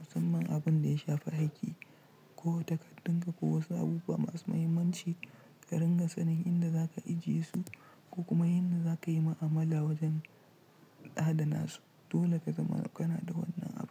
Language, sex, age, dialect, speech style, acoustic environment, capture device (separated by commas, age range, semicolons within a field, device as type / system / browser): Hausa, male, 25-34, Nigeria - Nigerian Standard, relaxed; tired; stressed; focused, nature; silence, mobile / Linux / Chrome